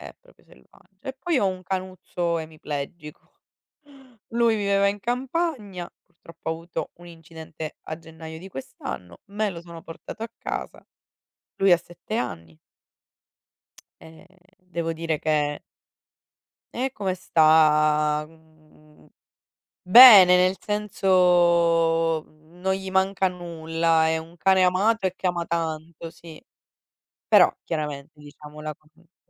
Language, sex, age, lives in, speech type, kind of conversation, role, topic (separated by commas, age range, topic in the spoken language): Italian, female, 60-64, Italy, conversation, unstructured, none, Quale animale ti rende più felice e perché?